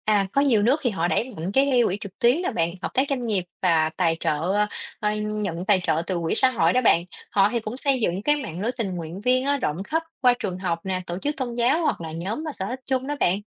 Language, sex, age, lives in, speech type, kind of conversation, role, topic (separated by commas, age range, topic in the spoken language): Vietnamese, female, 30-34, Vietnam, read, expert-assistant, expert, Vì sao giàu nghèo vẫn còn tồn tại rõ rệt dù xã hội ngày càng phát triển?